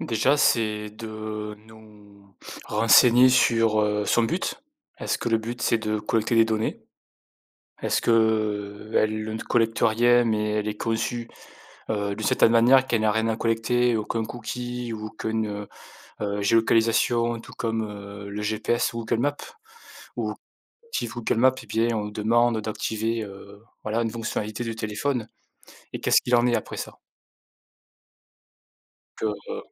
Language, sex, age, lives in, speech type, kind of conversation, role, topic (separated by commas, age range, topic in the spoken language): French, male, 35-39, France, conversation, unstructured, none, Comment garantir une utilisation éthique et transparente de l’IA ?